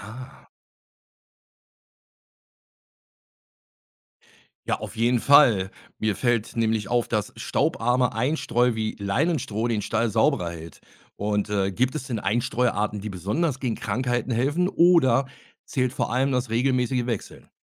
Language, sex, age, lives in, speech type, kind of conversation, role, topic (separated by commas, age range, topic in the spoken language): German, male, 50-54, Germany, read, expert-assistant, asker, Wie können Landwirte ihre Tiere gesund halten, ohne ständig zu Medikamenten greifen zu müssen?